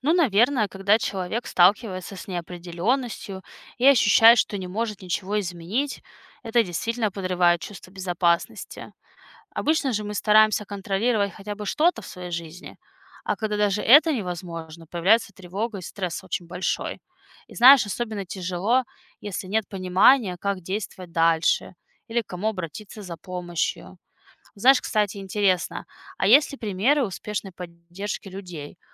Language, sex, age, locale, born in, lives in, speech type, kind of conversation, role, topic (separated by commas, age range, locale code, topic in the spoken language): Russian, female, 30-34, ru-RU, Russia, United States, read, expert-assistant, asker, Как отличить достоверную научную информацию о климате от мифов и неподтверждённых данных в интернете?